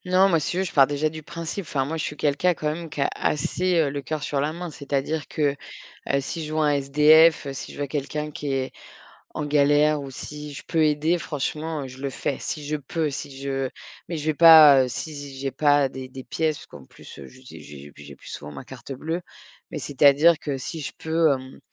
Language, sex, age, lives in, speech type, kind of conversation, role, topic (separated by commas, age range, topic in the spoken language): French, female, 40-44, France, conversation, podcast, guest, À quel moment un petit geste d’un inconnu t’a-t-il surpris ?